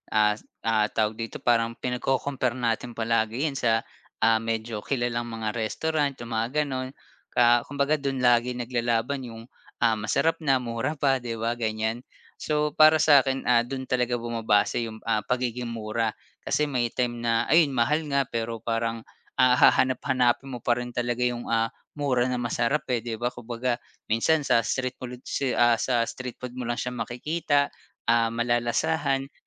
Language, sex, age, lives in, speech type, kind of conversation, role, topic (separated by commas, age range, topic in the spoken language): Filipino, male, 30-34, Philippines, conversation, podcast, guest, Ano ang pinaka-hindi mo malilimutang natikman sa pagkaing-kalye?